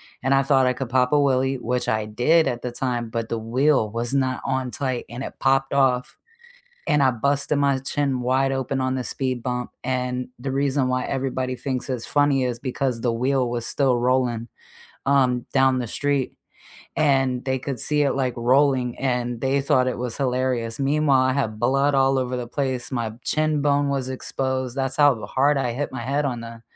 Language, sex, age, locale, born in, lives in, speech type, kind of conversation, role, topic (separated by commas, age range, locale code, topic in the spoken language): English, female, 35-39, en-US, United States, United States, conversation, unstructured, none, What is a memory that others find funny but you find painful?